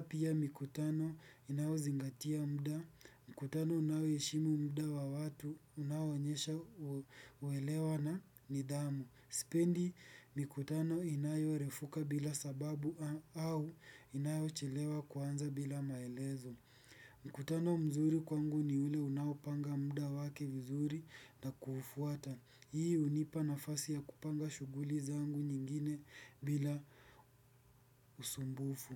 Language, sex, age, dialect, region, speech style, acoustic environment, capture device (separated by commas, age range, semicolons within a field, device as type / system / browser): Swahili, male, 18-24, Kenya - Nairobi Sheng-influenced, Kenya, focused, appliances, desktop / Windows / Edge